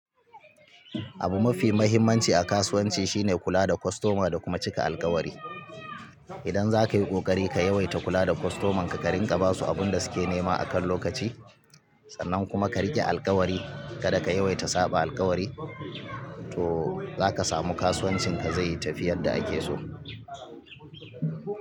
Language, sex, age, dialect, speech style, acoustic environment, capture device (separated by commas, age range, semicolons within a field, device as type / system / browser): Hausa, male, 35-44, Nigeria - Kano, relaxed; focused, people talking, mobile / Linux / Chrome